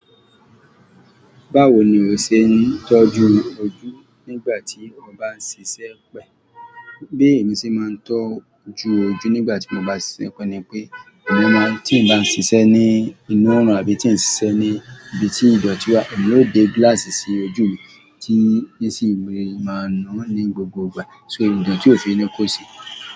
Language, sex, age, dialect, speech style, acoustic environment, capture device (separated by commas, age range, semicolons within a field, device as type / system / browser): Yoruba, male, 35-44, Nigeria - Lagos Yoruba, happy; anxious; excited, people talking; music; traffic, mobile / Android / Chrome